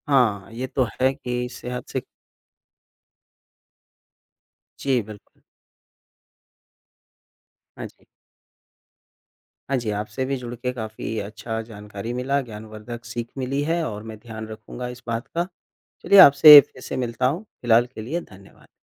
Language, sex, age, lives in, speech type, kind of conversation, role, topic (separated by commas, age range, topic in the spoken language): Hindi, male, 25-29, India, conversation, unstructured, none, प्लास्टिक कूड़ा बढ़ने से हमें डर क्यों लगना चाहिए?